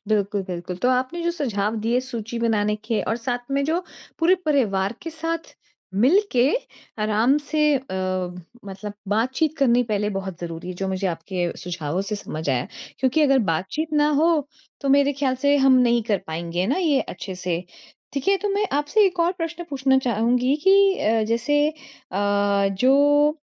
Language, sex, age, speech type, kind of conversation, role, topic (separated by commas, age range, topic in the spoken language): Hindi, female, 40-44, conversation, advice, user, मैं सीमित समय में अधिक स्थान कैसे देख सकता/सकती हूँ?